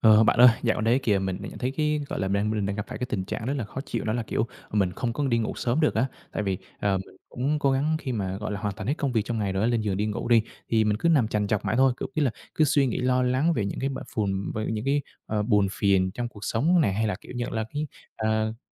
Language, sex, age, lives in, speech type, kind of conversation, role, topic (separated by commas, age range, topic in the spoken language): Vietnamese, male, 25-29, Vietnam, conversation, advice, user, Làm sao để dễ ngủ hơn khi bạn hay lo lắng và suy nghĩ nhiều trước khi đi ngủ?